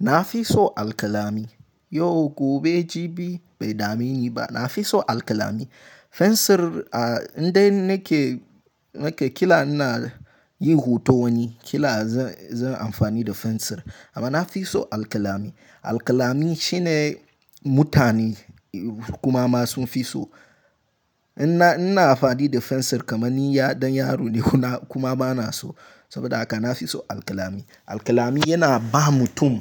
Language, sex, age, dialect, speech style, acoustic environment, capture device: Hausa, male, 18-24, Nigeria - Nigerian Standard, happy; excited; focused, silence, mobile / Linux / Chrome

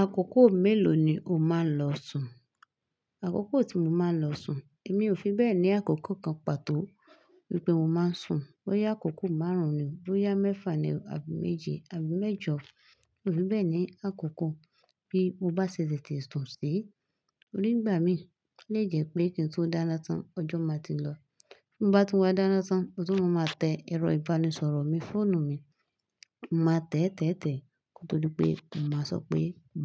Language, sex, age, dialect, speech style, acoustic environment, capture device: Yoruba, female, 25-34, Nigeria - Lagos Yoruba, focused, silence, desktop / Linux / Chrome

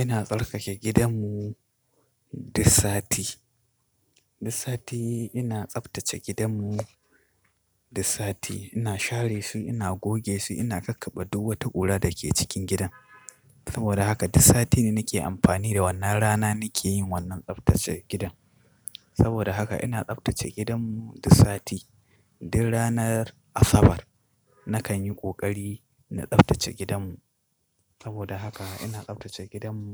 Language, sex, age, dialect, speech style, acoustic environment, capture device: Hausa, male, 25-34, Nigeria - Katsina, excited, nature, mobile / Linux / Chrome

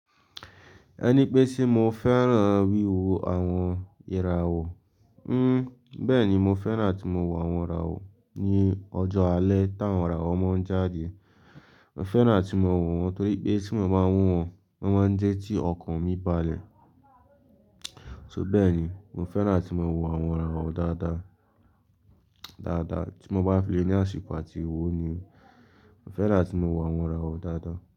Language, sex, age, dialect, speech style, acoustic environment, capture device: Yoruba, male, 18-24, Nigeria - Lagos, relaxed; focused, silence, mobile / Linux / Chrome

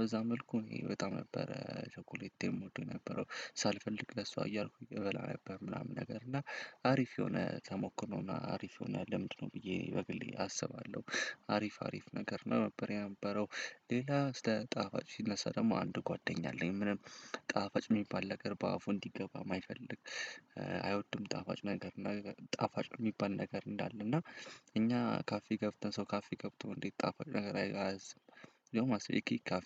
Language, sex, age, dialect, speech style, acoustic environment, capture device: Amharic, male, 18-24, Ethiopia - Addis Ababa, happy; excited, silence; nature, desktop / Windows / Chrome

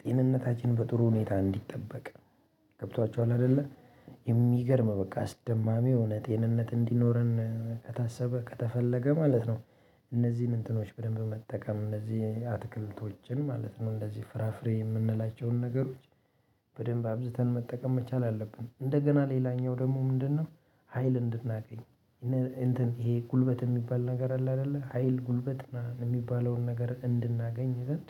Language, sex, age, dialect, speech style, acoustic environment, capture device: Amharic, male, 25-34, Ethiopia - Addis Ababa, happy, people talking, mobile / Linux / Chrome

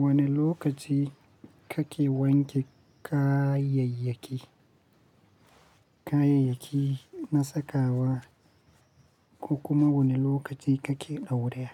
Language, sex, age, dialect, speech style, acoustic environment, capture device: Hausa, male, 25-34, Nigeria - Kano, tired, silence, mobile / Linux / Chrome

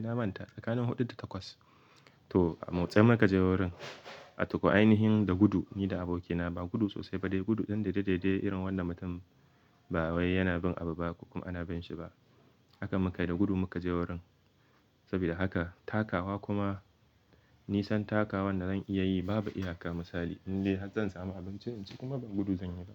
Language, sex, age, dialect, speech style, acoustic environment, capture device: Hausa, male, 18-24, Nigeria - Kano, excited; focused; happy, silence, mobile / Linux / Chrome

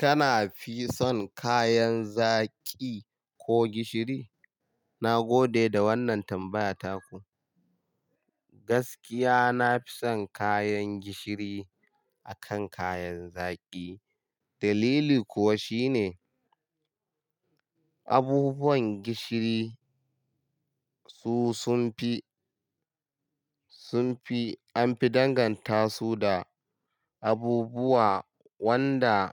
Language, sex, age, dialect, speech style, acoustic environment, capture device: Hausa, male, 25-34, Nigeria - Kano, happy; relaxed; excited; focused, silence; nature; people talking, mobile / Linux / Chrome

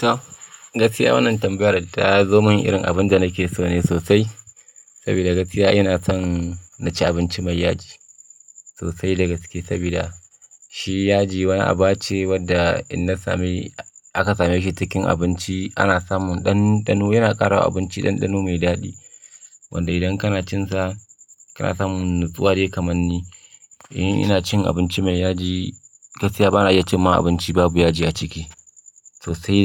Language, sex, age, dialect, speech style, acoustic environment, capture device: Hausa, male, 25-34, Nigeria - Kano, focused, silence, mobile / Linux / Chrome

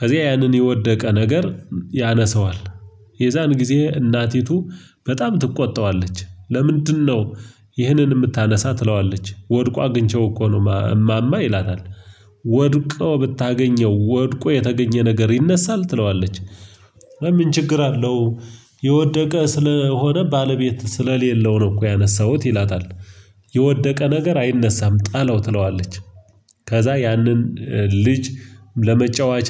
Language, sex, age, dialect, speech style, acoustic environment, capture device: Amharic, male, 25-34, Ethiopia - Gojjam, focused, silence, mobile / Linux / Chrome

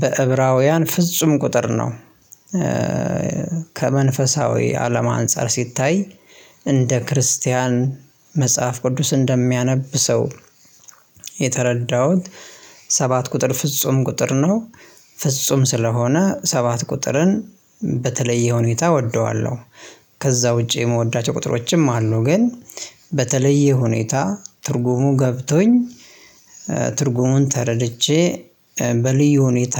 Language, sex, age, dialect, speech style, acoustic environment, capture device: Amharic, male, 25-34, Ethiopia - Addis Ababa, happy, silence, mobile / Linux / Chrome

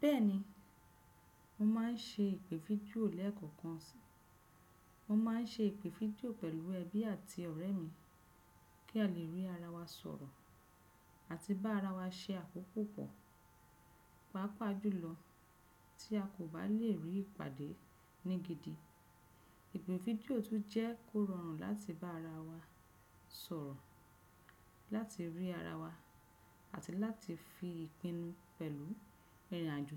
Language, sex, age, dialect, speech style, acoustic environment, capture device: Yoruba, female, 35-44, Nigeria - Lagos Yoruba, relaxed, nature, desktop / Windows / Chrome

